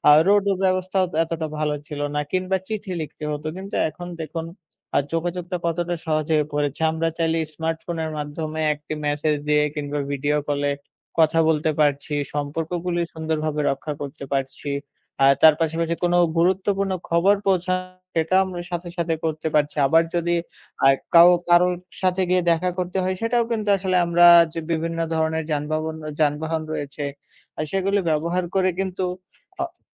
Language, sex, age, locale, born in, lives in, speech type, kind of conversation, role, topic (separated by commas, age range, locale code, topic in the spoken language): Bengali, male, 20-24, bn-BD, Bangladesh, Bangladesh, conversation, unstructured, none, প্রযুক্তি কীভাবে আমাদের দৈনন্দিন জীবনকে সহজ করেছে?